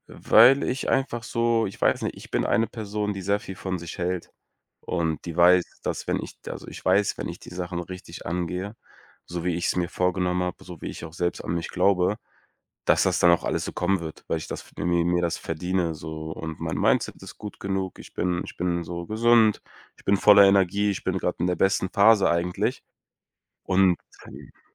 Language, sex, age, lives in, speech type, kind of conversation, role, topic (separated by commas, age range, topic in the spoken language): German, male, 25-29, Germany, conversation, advice, user, Wie kann ich meine Ziele klar priorisieren, wenn ich zu viele gleichzeitig verfolge?